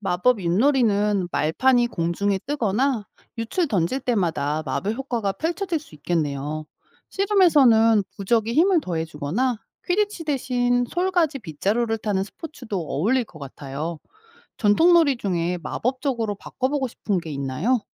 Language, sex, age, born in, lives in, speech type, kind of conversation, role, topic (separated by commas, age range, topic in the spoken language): Korean, female, 45-49, South Korea, United States, read, expert-assistant, expert, 만약 해리 포터가 한국에서 태어난 이야기였다면, 어떤 마법 학교와 친구들이 나왔을까요?